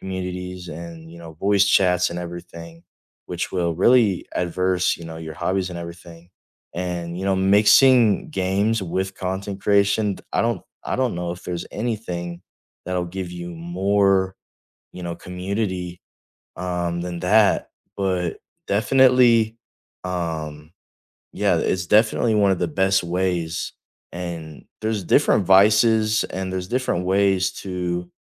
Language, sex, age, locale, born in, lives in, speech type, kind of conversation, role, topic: English, male, 18-19, en-US, United States, United States, conversation, unstructured, none, What is the hardest part about losing a hobby you loved?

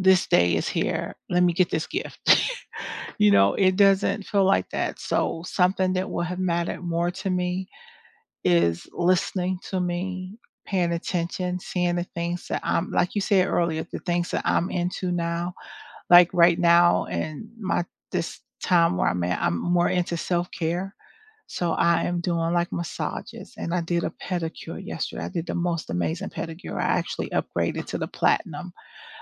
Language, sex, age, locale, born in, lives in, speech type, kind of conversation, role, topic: English, female, 50-54, en-US, United States, United States, conversation, unstructured, none, What small gestures make you feel most appreciated?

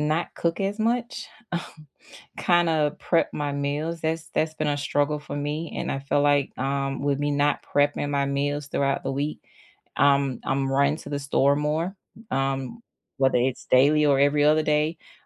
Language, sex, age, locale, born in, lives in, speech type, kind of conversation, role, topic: English, female, 35-39, en-US, United States, United States, conversation, unstructured, none, If you could redesign your week, what would you add or cut to make more time for what matters most?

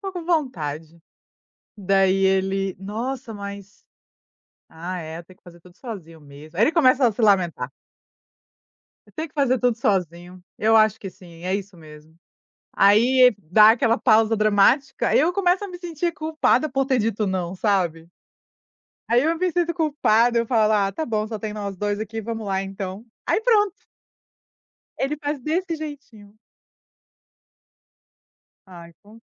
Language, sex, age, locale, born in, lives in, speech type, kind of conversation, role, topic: Portuguese, female, 30-34, pt-BR, Brazil, United States, conversation, podcast, guest, O que você faz quando precisa dizer não?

